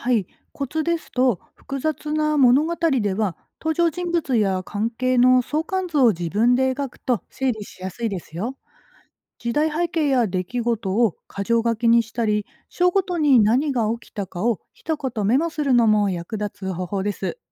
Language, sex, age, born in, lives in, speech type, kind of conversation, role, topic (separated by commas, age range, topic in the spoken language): Japanese, female, 40-44, Japan, Japan, read, expert-assistant, expert, 古典文学の世界にもっと入り込むには、どんな工夫ができますか？